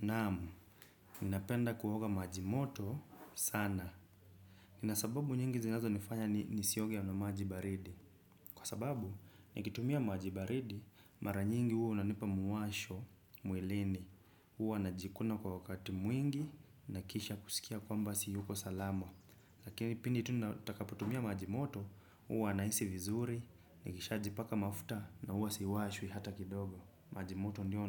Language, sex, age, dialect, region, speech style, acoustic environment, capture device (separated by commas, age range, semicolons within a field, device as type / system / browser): Swahili, male, 25-34, Kenya - Nairobi Sheng-influenced, Kenya, relaxed, silence, desktop / Windows / Chrome